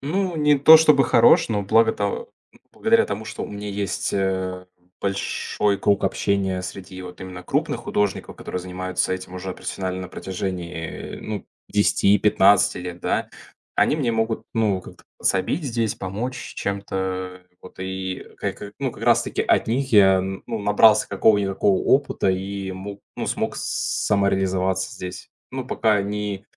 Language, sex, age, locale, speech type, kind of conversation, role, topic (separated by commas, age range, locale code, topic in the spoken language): Russian, male, 20-24, ru-RU, conversation, podcast, guest, Как ты находишь свой творческий голос?